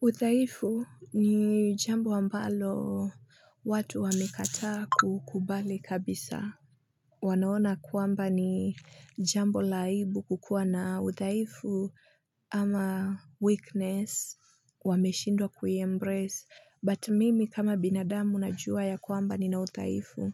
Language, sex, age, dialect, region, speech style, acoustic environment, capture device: Swahili, female, 25-34, Kenya - Nairobi Sheng-influenced, Kenya, happy, silence, mobile / Linux / Chrome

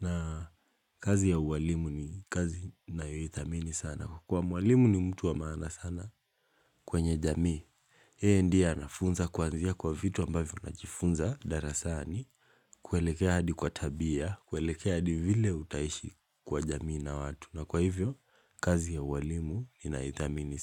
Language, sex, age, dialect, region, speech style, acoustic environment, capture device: Swahili, male, 18-24, Kenya - Mombasa, Kenya, relaxed, silence; appliances, mobile / Linux / Chrome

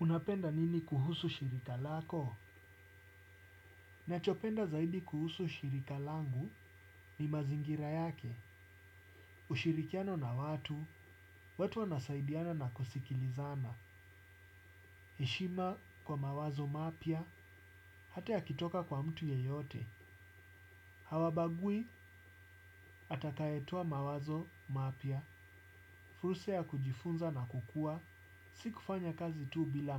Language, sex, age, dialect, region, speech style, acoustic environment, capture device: Swahili, male, 35-44, Kenya - Nairobi Sheng-influenced, Kenya, focused, appliances; silence, desktop / Windows / Chrome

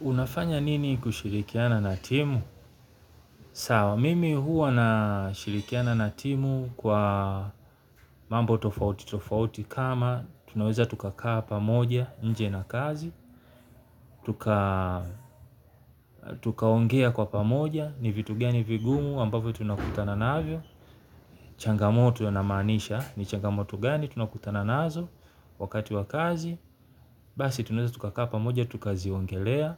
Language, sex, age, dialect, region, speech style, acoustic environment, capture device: Swahili, male, 35-44, Tanzania - Dar es Salaam, Tanzania, happy; relaxed; focused, nature; silence, mobile / Linux / Chrome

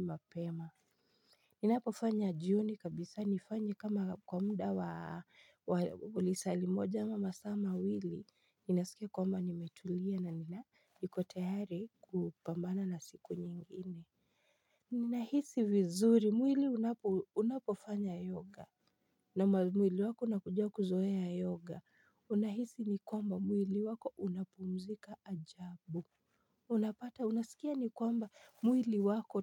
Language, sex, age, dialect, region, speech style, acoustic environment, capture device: Swahili, female, 25-34, Kenya - Nairobi Sheng-influenced, Kenya, excited; focused, silence, mobile / Linux / Chrome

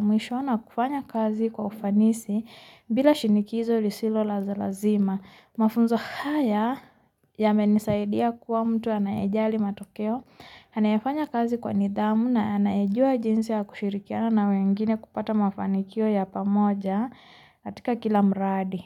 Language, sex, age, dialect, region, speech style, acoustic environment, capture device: Swahili, female, 25-34, Kenya - Nairobi Sheng-influenced, Kenya, tired, nature, mobile / Linux / Chrome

